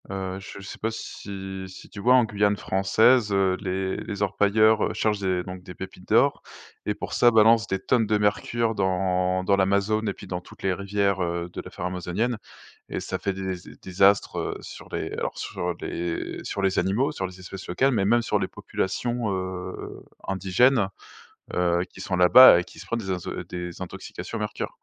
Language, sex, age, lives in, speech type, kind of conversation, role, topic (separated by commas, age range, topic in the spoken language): French, male, 30-34, France, conversation, unstructured, none, Qu’est-ce que la biodiversité et pourquoi est-elle précieuse ?